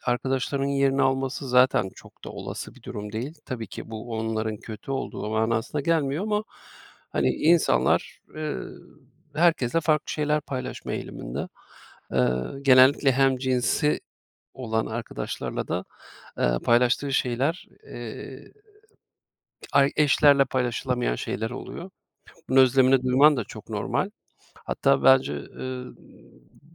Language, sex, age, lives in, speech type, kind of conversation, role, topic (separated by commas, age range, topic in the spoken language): Turkish, male, 40-44, Austria, conversation, advice, advisor, Taşındıktan sonra yeni arkadaşlar edinmekte neden zorlanıyorsun?